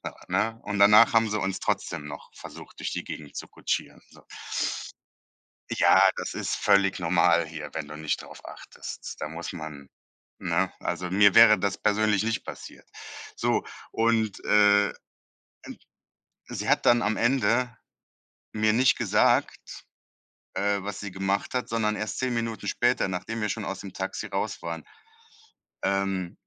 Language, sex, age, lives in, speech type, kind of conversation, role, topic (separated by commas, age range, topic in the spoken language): German, male, 35-39, Germany, conversation, advice, user, Wie finde ich die richtige Balance zwischen meinen Bedürfnissen und meinen Sparzielen?